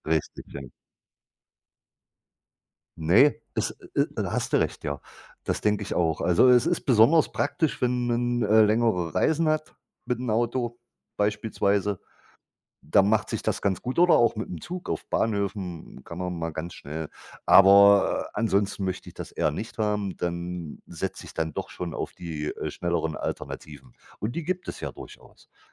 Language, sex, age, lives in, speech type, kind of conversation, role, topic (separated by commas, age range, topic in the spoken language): German, male, 50-54, Germany, conversation, unstructured, none, Wie stehst du zu Fastfood in deinem Leben?